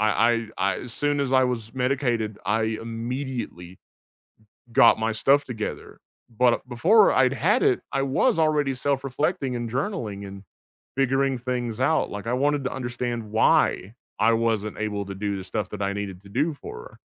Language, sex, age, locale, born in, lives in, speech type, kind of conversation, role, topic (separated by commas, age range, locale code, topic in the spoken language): English, male, 30-34, en-US, United States, United States, conversation, unstructured, none, Is it okay to persuade someone for their own good?